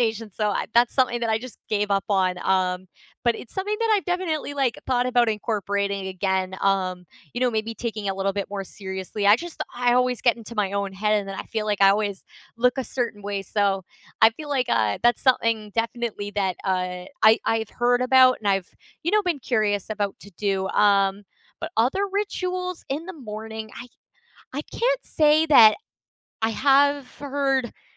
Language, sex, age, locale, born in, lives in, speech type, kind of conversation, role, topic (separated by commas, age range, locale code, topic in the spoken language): English, female, 20-24, en-CA, Canada, Canada, conversation, unstructured, none, What small rituals help you unwind after a busy day, and how did you discover them?